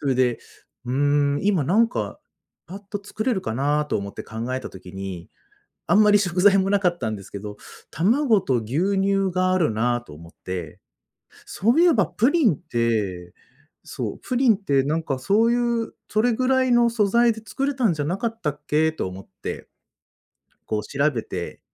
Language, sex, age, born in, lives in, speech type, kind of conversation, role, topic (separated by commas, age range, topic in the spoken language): Japanese, male, 35-39, Japan, Japan, conversation, podcast, guest, 得意な家庭料理について教えてくれますか？